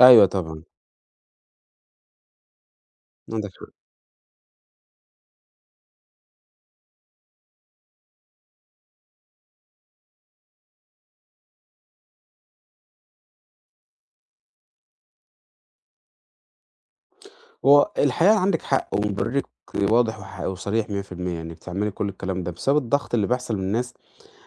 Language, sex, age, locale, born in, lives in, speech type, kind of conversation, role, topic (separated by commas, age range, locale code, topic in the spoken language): Arabic, male, 30-34, ar-EG, Egypt, Portugal, conversation, advice, advisor, إزاي أتعامل مع ضغط الأهل إني أتجوز في سن معيّن؟